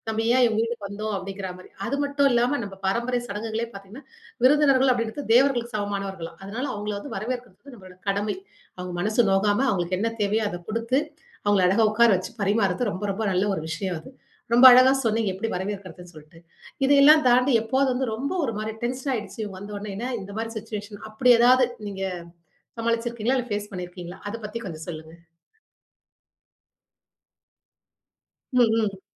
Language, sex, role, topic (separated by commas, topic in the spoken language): Tamil, female, host, விருந்தினரை உங்கள் வீட்டில் எப்படி வரவேற்கிறீர்கள்?